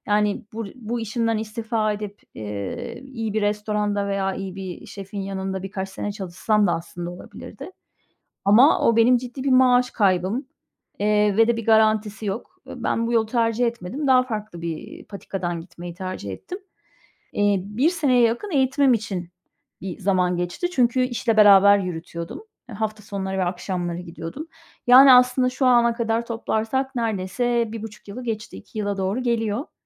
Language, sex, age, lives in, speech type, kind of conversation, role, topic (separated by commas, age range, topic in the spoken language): Turkish, female, 45-49, Spain, conversation, unstructured, none, Gelecekte hangi mesleği yapmak istersin ve hayallerindeki hayata ulaşmak için önümüzdeki beş yıl içinde neler yapmayı planlıyorsun?